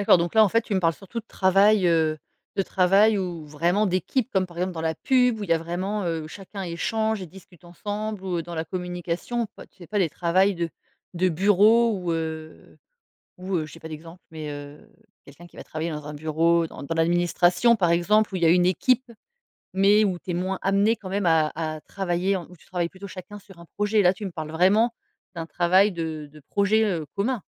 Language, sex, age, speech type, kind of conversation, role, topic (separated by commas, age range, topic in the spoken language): French, female, 40-44, conversation, podcast, host, Qu’est-ce qui te motive vraiment au travail ?